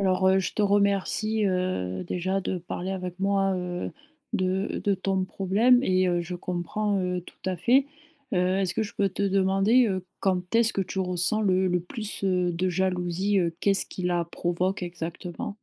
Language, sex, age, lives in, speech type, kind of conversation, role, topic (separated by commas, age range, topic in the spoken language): French, female, 25-29, France, conversation, advice, advisor, Comment puis-je mieux gérer ma jalousie et mon insécurité dans ma relation ?